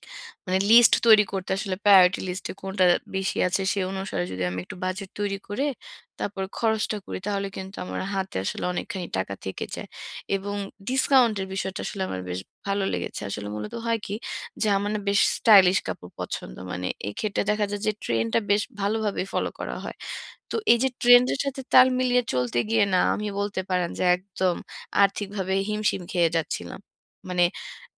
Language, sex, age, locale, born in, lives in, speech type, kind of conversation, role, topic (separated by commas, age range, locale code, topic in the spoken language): Bengali, female, 30-34, bn-BD, Bangladesh, Bangladesh, conversation, advice, user, সীমিত বাজেটে কীভাবে স্টাইলিশ পোশাক ও উপহার কিনতে পারি?